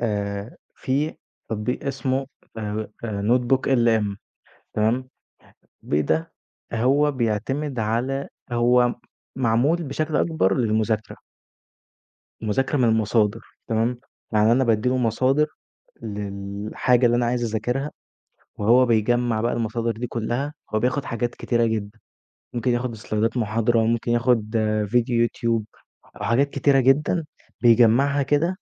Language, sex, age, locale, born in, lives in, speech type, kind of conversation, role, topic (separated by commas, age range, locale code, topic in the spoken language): Arabic, male, 20-24, ar-EG, Egypt, Egypt, conversation, podcast, guest, إزاي تتغلب على التسويف وتزوّد إنتاجيتك باستخدام تطبيقات التنظيم؟